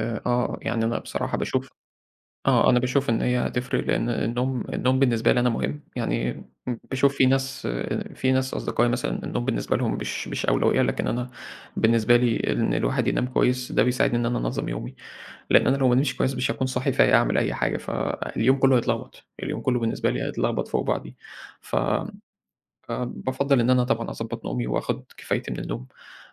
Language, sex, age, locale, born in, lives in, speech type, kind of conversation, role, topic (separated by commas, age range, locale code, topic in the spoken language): Arabic, male, 20-24, ar-EG, Egypt, Egypt, conversation, podcast, guest, إزاي بتنظم وقتك عشان تلاقي وقت لهوايتك؟